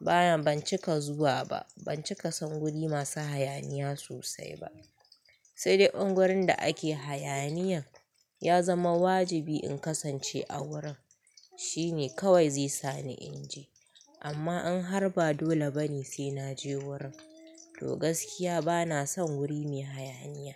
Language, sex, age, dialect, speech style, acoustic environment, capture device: Hausa, female, 25-34, Nigeria - Kano, happy; excited, people talking, mobile / Linux / Chrome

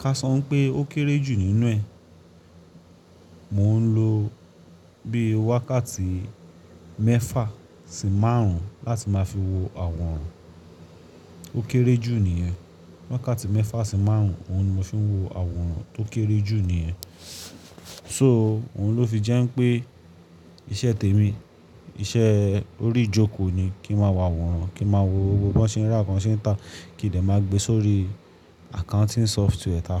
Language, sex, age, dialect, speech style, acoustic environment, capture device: Yoruba, male, 25-34, Nigeria - Lagos Yoruba, tired, appliances, mobile / Linux / Chrome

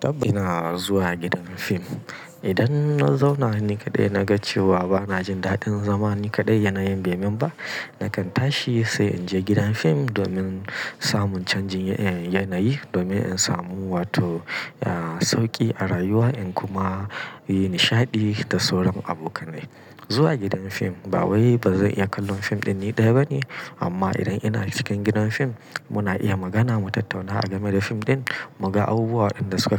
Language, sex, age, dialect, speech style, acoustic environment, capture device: Hausa, male, 35-44, Nigeria - Kano, happy; anxious; tired; relaxed; nervous; excited; focused; stressed, people talking; music; nature; silence; traffic; construction; appliances, mobile / Linux / Chrome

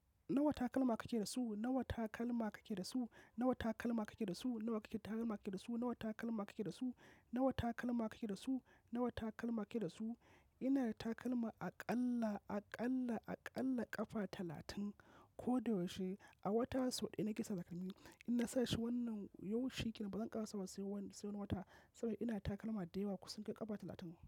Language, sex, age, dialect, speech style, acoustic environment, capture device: Hausa, male, 25-34, Nigeria - Kano, focused, nature, mobile / macOS / Safari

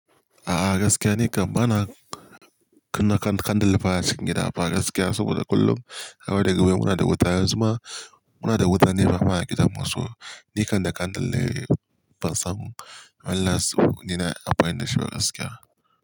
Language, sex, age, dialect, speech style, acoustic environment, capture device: Hausa, male, 18-24, Nigeria - Kano, happy; relaxed; focused, nature; silence, mobile / Linux / Chrome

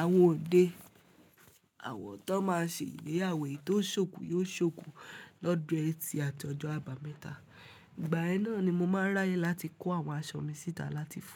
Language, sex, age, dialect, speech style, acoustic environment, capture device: Yoruba, female, 18-24, Nigeria - Ibadan, focused, silence; people talking; nature, mobile / Linux / Chrome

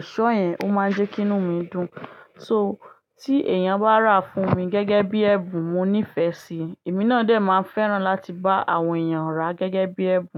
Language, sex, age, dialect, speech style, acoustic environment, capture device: Yoruba, female, 25-34, Nigeria - Ibadan, happy; excited, silence, desktop / Linux / Chrome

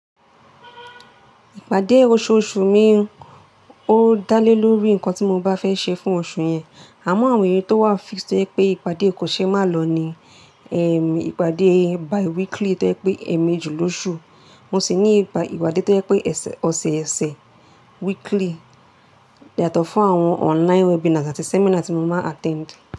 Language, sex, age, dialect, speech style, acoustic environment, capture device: Yoruba, female, 18-24, Nigeria - Lagos Yoruba, relaxed, nature, mobile / Linux / Chrome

